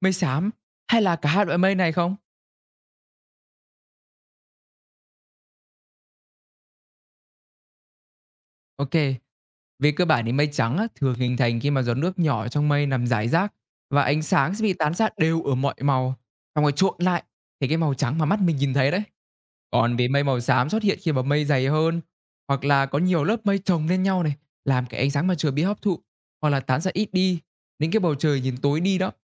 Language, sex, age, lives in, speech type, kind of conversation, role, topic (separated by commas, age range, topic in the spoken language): Vietnamese, male, 20-24, Vietnam, read, expert-assistant, expert, Tại sao bầu trời có màu xanh vào ban ngày?